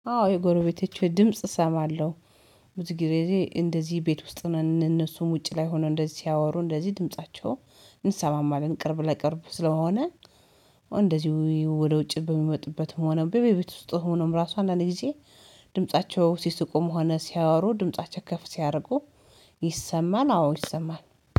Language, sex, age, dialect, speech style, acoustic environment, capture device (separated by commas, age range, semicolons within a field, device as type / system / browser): Amharic, female, 35-44, Ethiopia - Addis Ababa, happy, silence, mobile / Linux / Chrome